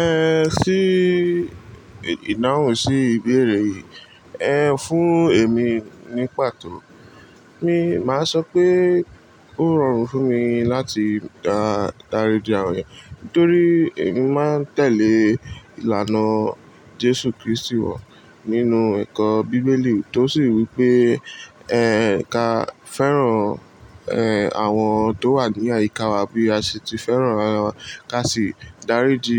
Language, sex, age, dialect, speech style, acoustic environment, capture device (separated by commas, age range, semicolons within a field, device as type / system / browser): Yoruba, male, 18-24, Nigeria - Lagos Yoruba, relaxed, appliances; people talking, mobile / Linux / Chrome